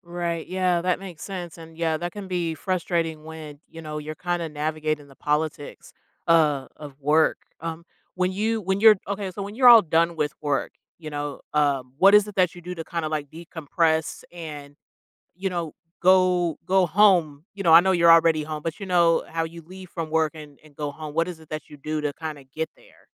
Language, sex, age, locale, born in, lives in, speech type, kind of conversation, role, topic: English, female, 30-34, en-US, United States, United States, conversation, advice, advisor, How can I better balance my work and personal life without feeling overwhelmed?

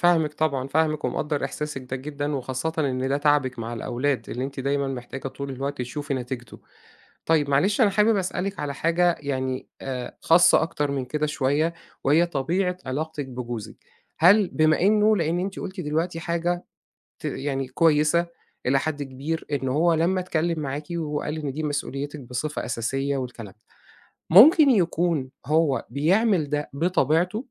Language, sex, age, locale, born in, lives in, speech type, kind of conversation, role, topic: Arabic, male, 40-44, ar-EG, Egypt, Egypt, conversation, advice, advisor, إزاي أتعامل مع انتقاد شريك حياتي لطريقتي في تربية العيال؟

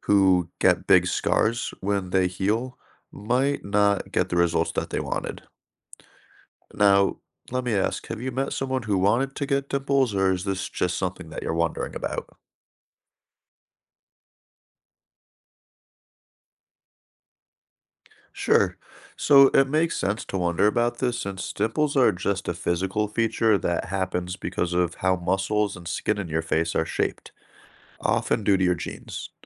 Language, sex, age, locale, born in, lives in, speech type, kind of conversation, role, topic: English, male, 30-34, en-US, United States, United States, read, expert-assistant, expert, Why do some people have dimples?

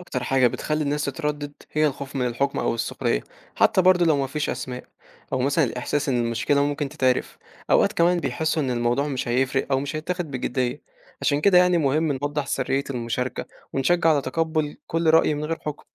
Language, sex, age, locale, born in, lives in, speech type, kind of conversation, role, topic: Arabic, male, 18-19, ar-EG, Egypt, Egypt, read, expert-assistant, expert, إيه هي الطرق المختلفة اللي ممكن بيها نستخدم وسائل الإعلام الجديدة لصناعة تأثير إيجابي على الشباب في مصر؟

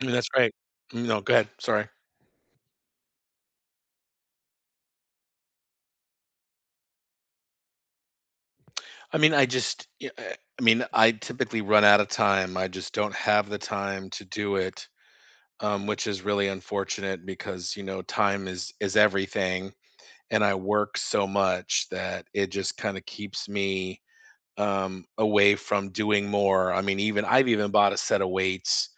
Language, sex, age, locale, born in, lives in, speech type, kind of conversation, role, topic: English, male, 50-54, en-US, United States, United States, conversation, unstructured, none, If you could wipe your weekly routine clean, what would you create to support your goals over the next few years?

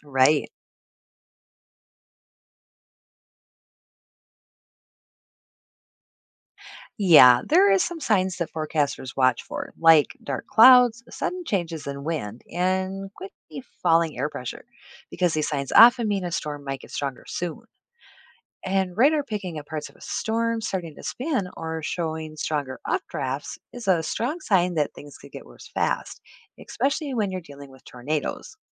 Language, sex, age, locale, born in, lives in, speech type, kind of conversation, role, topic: English, female, 45-49, en-US, United States, United States, read, expert-assistant, expert, How do weather forecasts predict storms and other natural events?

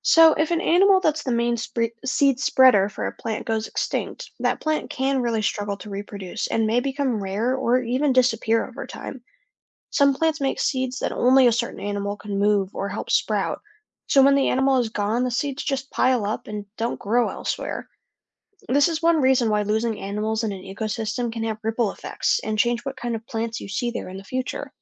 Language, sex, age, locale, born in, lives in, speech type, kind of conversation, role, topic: English, other, 20-24, en-US, United States, United States, read, expert-assistant, expert, How do seeds disperse in nature?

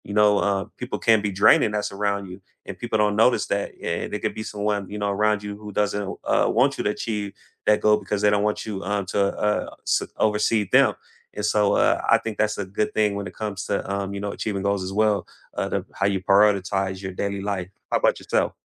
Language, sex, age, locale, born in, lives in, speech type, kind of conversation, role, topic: English, male, 35-39, en-US, United States, United States, conversation, unstructured, none, What do you hope to achieve in the next five years?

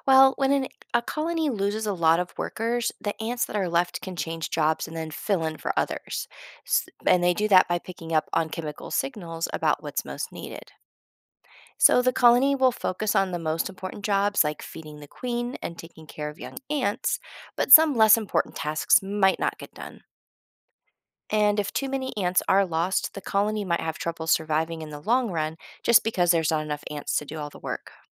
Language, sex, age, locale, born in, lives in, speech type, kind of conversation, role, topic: English, female, 40-44, en-US, United States, United States, read, expert-assistant, expert, How do ants work together in a colony?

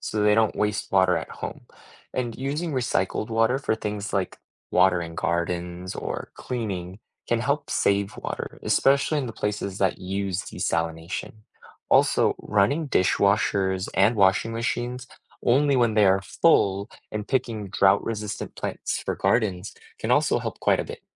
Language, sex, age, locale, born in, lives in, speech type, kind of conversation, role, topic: English, male, 25-29, en-US, United States, United States, read, expert-assistant, expert, What is the process of desalination and why is it important in some countries?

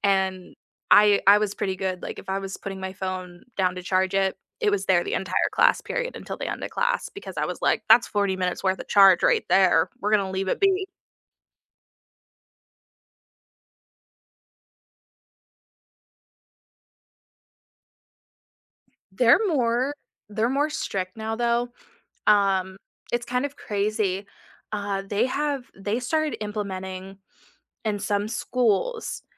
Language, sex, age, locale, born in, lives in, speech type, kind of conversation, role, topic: English, female, 25-29, en-US, United States, United States, conversation, unstructured, none, What portable chargers or power banks have actually saved you in everyday use, and when do they fall short?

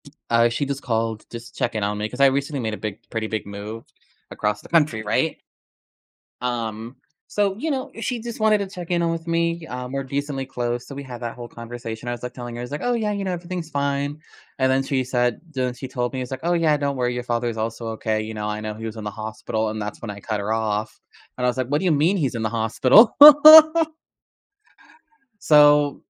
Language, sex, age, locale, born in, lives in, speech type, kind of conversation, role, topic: English, male, 25-29, en-US, United States, United States, conversation, advice, user, How can I approach a difficult conversation effectively?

